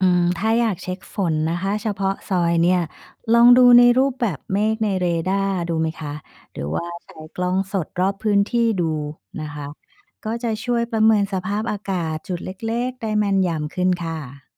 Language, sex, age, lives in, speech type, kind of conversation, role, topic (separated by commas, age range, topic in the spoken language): Thai, female, 45-49, Thailand, read, expert-assistant, expert, ถ้าอยากติดตามพยากรณ์อากาศที่แม่นยำในไทย ควรเช็คจากที่ไหนดีที่สุด?